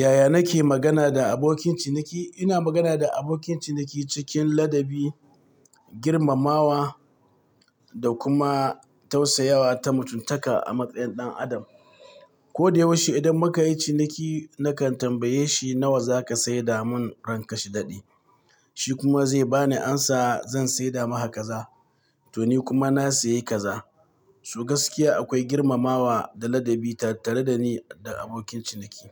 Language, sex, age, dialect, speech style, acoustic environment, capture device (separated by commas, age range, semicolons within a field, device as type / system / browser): Hausa, male, 45-54, Nigeria - Katsina, happy; excited; focused, people talking, mobile / Linux / Chrome